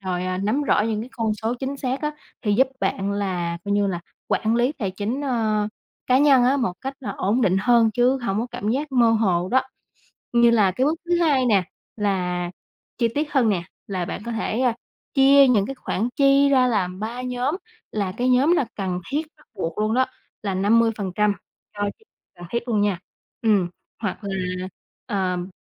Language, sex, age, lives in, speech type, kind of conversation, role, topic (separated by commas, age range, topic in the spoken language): Vietnamese, female, 20-24, Vietnam, conversation, advice, advisor, Làm thế nào để điều chỉnh chi tiêu và kế hoạch tương lai khi tài chính đột ngột thay đổi?